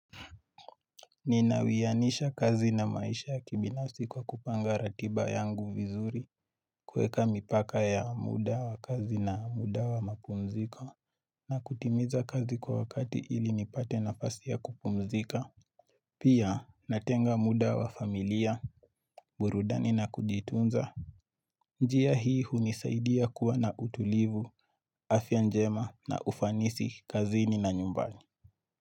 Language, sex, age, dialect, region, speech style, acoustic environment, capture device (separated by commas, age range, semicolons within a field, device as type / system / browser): Swahili, male, 18-24, Kenya - Nairobi Sheng-influenced, Kenya, happy, nature, mobile / Linux / Chrome